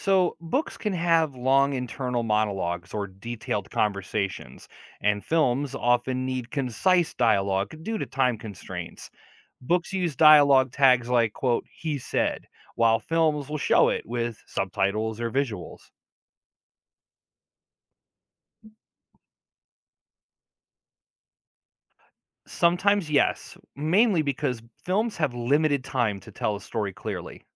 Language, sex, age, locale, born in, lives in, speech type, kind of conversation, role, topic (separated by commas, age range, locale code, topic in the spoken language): English, male, 40-44, en-US, United States, United States, read, expert-assistant, expert, How does storytelling differ across mediums like books and films?